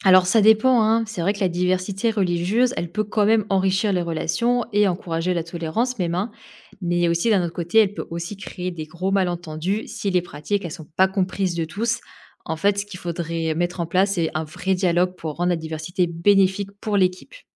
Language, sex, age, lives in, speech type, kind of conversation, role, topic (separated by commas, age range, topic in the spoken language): French, female, 25-29, France, read, expert-assistant, expert, Quels sont, selon toi, les avantages et les inconvénients de faire partie d’une grande religion aujourd’hui ?